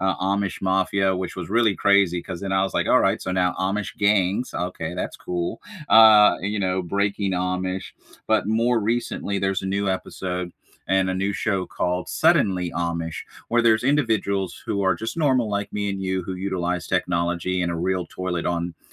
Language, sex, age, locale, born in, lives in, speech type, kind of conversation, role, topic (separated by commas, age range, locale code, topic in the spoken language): English, male, 40-44, en-US, United States, United States, conversation, unstructured, none, What comfort TV shows do you rewatch when you need a lift?